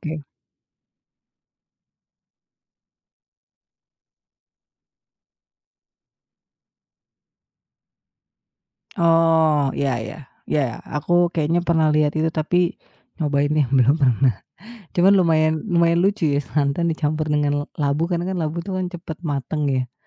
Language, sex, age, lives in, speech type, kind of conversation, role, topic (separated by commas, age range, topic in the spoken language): Indonesian, female, 35-39, Indonesia, conversation, podcast, host, Apa tradisi keluarga yang paling berkesan bagi Anda?